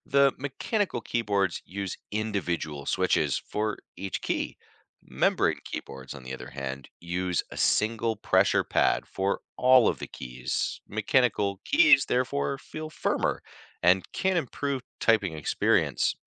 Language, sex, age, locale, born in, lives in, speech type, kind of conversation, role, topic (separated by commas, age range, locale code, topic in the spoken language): English, male, 35-39, en-US, United States, United States, read, expert-assistant, expert, How does a mechanical keyboard register keystrokes?